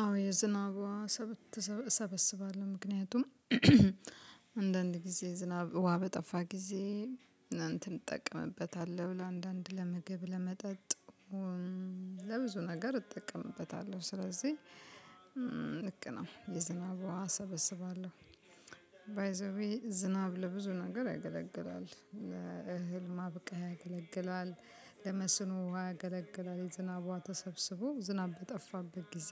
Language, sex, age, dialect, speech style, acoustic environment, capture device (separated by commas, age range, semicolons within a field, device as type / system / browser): Amharic, female, 35-44, Ethiopia - Addis Ababa, happy, music, mobile / Linux / Chrome